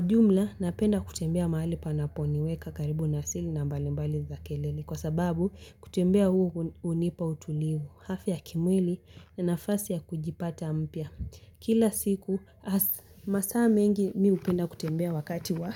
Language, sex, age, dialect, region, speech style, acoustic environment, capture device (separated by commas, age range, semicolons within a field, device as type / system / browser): Swahili, female, 18-24, Kenya - Mombasa, Kenya, relaxed, silence, mobile / Linux / Chrome